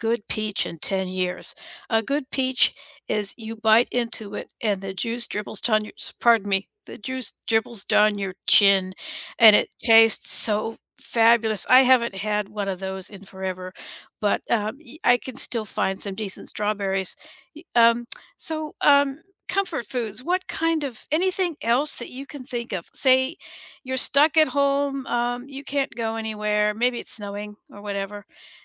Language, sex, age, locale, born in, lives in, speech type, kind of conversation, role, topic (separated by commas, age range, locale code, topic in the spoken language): English, female, 60-64, en-US, United States, United States, conversation, unstructured, none, What are your favorite comfort foods, and what memories do they bring back?